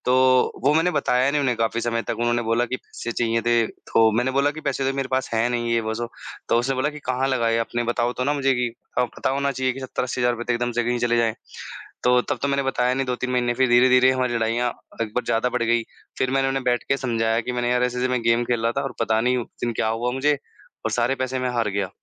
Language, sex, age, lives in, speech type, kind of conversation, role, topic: Hindi, male, 25-29, India, conversation, unstructured, none, क्या रिश्ते में गलतफहमियाँ बढ़ने पर आपको डर लगता है?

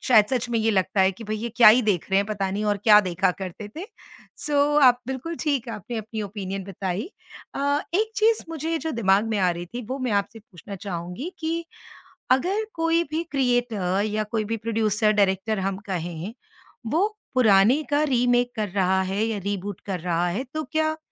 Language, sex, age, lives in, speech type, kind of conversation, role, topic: Hindi, female, 50-54, India, conversation, podcast, host, Remakes aur reboots TV par itne zyada kyun aa rahe hain, tumhara kya nazariya hai?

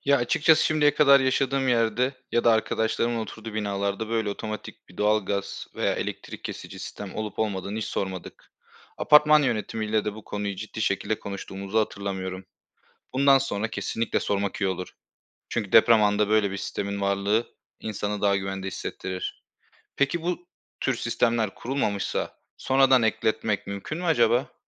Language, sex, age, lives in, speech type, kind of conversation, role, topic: Turkish, male, 25-29, Bulgaria, read, expert-assistant, asker, Yüksek katlı binalarda deprem sırasında ne kadar güvendeyiz?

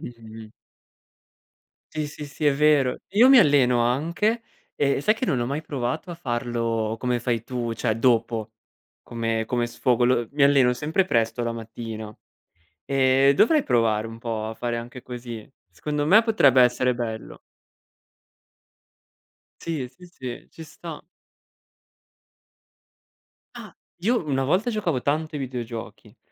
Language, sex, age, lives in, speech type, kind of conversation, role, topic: Italian, male, 25-29, Italy, conversation, unstructured, none, Qual è il tuo hobby preferito per rilassarti dopo una giornata stressante?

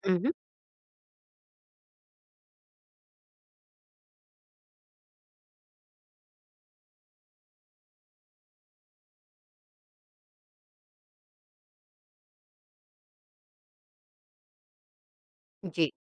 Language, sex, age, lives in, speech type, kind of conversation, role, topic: Hindi, female, 50-54, India, conversation, advice, advisor, क्या मनोरंजन करते समय आपके साथ बार-बार व्यस्तता या रुकावटें आती हैं, जिससे आपका ध्यान नहीं टिक पाता?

